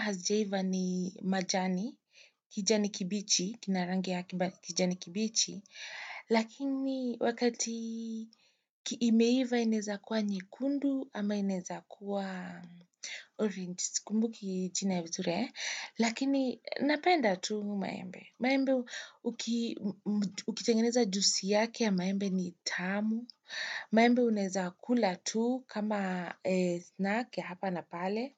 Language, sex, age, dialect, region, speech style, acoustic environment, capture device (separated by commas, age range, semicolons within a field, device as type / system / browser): Swahili, female, 25-34, Kenya - Nairobi Sheng-influenced, Kenya, excited, people talking; nature, desktop / Windows / Chrome